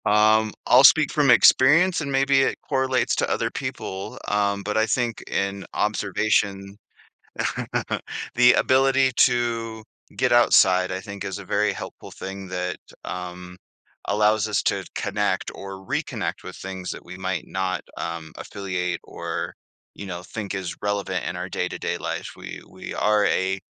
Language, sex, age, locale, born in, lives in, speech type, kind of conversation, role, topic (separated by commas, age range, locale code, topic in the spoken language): English, male, 40-44, en-US, Canada, United States, conversation, podcast, guest, How can parks and natural areas improve mental health?